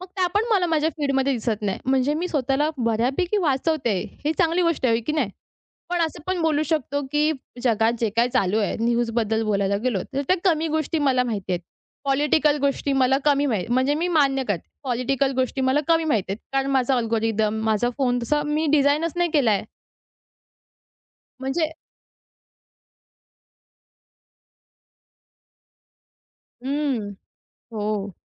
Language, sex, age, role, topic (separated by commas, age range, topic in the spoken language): Marathi, female, 20-24, guest, अॅल्गोरिदमच्या शिफारसींमुळे आपली कला-विषयक आवड बदलते का?